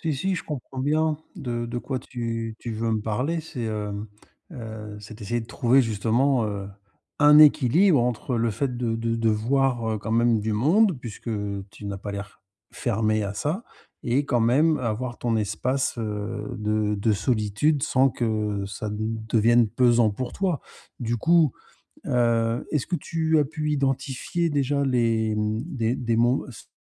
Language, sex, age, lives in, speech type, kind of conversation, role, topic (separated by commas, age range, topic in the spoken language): French, male, 50-54, Spain, conversation, advice, advisor, Comment trouver un bon équilibre entre vie sociale et solitude ?